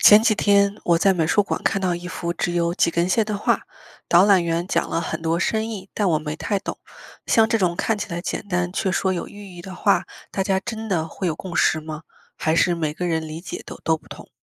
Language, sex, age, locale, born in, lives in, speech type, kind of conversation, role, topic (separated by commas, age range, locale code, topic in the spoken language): Chinese, female, 25-29, zh-CN, China, United States, read, expert-assistant, asker, 为什么艺术作品的意义会随着时间和观点改变？